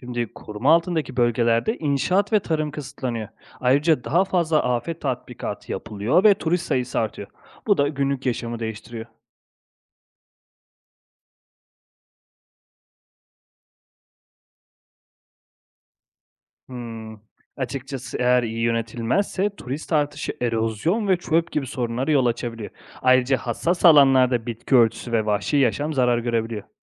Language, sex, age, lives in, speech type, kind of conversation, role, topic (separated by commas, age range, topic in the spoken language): Turkish, male, 30-34, Italy, read, expert-assistant, expert, Dünyada volkanik patlamaların sayısı gerçekten artıyor mu, yoksa bu bir şehir efsanesi mi?